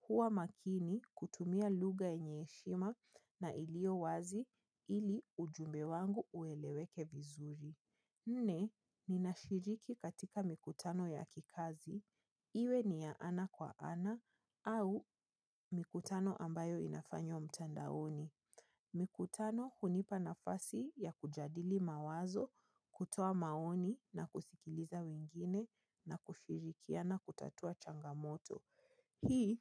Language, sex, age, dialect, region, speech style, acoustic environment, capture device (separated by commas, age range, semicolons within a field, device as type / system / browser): Swahili, female, 25-34, Kenya - Nairobi Sheng-influenced, Kenya, happy, nature, mobile / Linux / Chrome